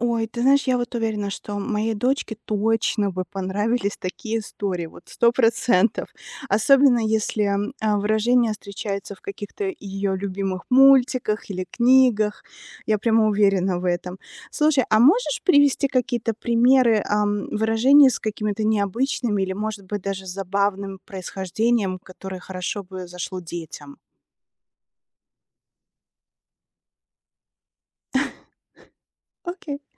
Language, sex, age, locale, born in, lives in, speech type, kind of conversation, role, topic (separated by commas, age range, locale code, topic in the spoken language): Russian, female, 40-44, ru-RU, Belarus, United States, read, expert-assistant, asker, Как детям интересно изучать этимологию слов?